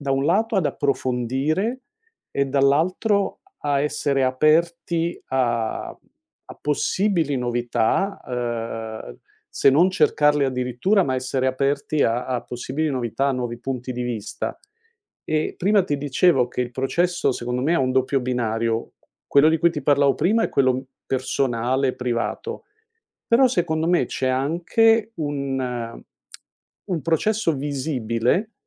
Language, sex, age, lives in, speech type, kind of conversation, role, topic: Italian, male, 60-64, United States, conversation, podcast, guest, Preferisci mostrare il processo o solo il risultato finale?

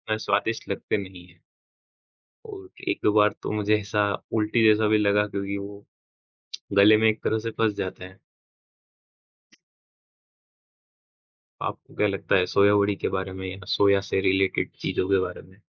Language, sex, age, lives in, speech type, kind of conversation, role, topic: Hindi, male, 20-24, India, conversation, unstructured, none, आपको कौन-सा अजीब भोजन बिल्कुल पसंद नहीं है?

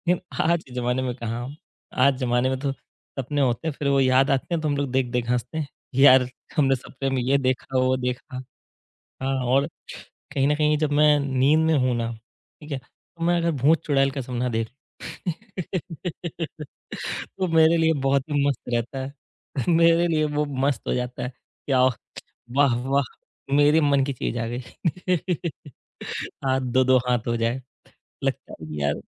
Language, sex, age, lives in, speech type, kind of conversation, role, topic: Hindi, male, 30-34, India, conversation, podcast, guest, जब आपके सपने टूट जाते हैं, तो आप फिर से नए सपने कैसे देख पाते हैं?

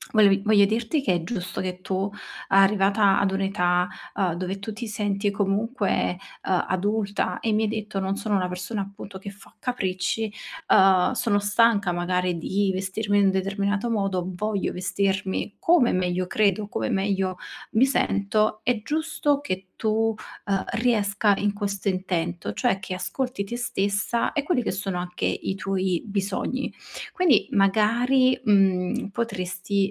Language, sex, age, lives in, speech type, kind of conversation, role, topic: Italian, female, 25-29, Italy, conversation, advice, advisor, Come posso scegliere tra piaceri immediati e sicurezza finanziaria?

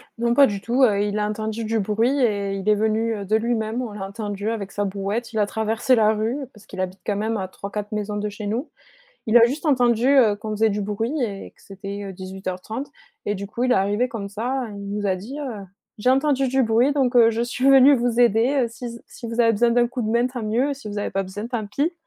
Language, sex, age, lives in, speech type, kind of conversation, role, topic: French, female, 25-29, France, conversation, podcast, guest, Comment une petite aide entre voisins t’a-t-elle touché ?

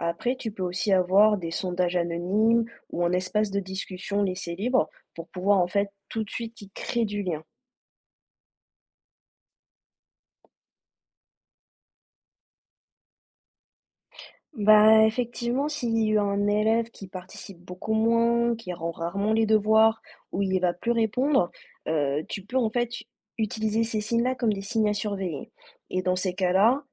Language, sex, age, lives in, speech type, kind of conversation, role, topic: French, female, 30-34, France, read, expert-assistant, expert, Comment expliquer que les élèves décrochent rapidement sur une nouvelle plateforme éducative ?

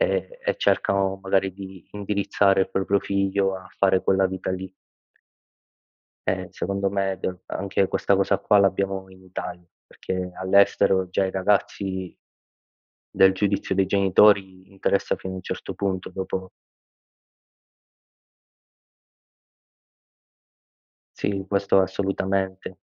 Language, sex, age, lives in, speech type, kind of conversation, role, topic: Italian, male, 25-29, Italy, conversation, unstructured, none, Hai mai nascosto parti di te per paura del giudizio?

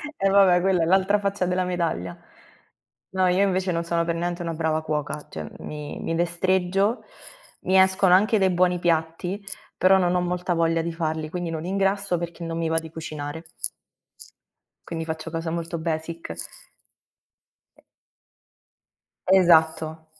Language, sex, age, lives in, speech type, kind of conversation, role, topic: Italian, female, 25-29, Italy, conversation, unstructured, none, Qual è il ricordo più bello legato al cibo della tua infanzia?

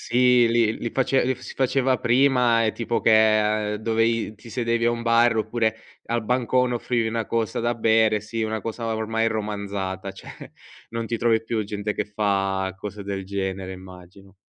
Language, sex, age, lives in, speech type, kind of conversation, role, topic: Italian, male, 20-24, Italy, conversation, podcast, host, Come si può combattere la solitudine nella vita di tutti i giorni?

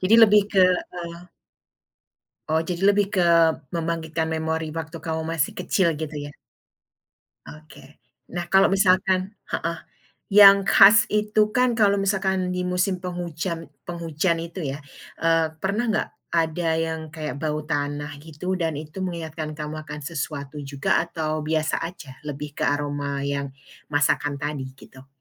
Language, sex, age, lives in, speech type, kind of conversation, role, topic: Indonesian, female, 35-39, United States, conversation, podcast, host, Rasa atau aroma apa yang langsung membuat kamu merasa seperti pulang ke rumah?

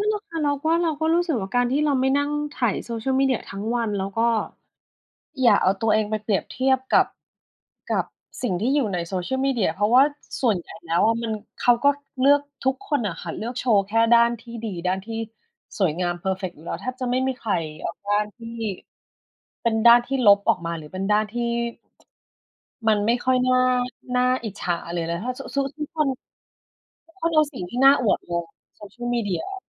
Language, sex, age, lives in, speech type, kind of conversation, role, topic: Thai, female, 40-44, Thailand, conversation, unstructured, none, การใช้สื่อสังคมออนไลน์ส่งผลต่ออารมณ์ของคุณอย่างไร?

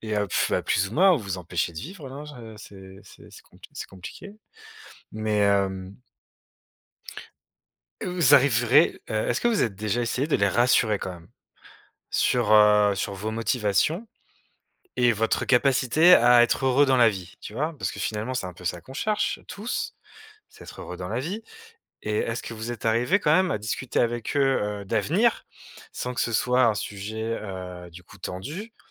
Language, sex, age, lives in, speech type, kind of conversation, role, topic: French, male, 35-39, France, conversation, advice, advisor, Comment décrirais-tu la pression familiale que tu ressens concernant ton choix de carrière ou de mariage ?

